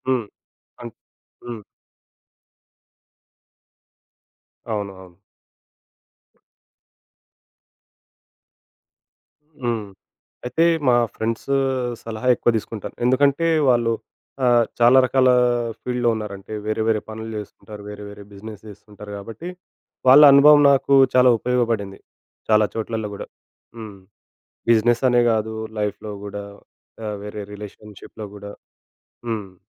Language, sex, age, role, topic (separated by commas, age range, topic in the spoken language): Telugu, male, 25-29, guest, ఆందోళనను తగ్గించుకుని త్వరగా నిర్ణయం తీసుకోవడానికి మీరు సాధారణంగా ఏమి చేస్తారు?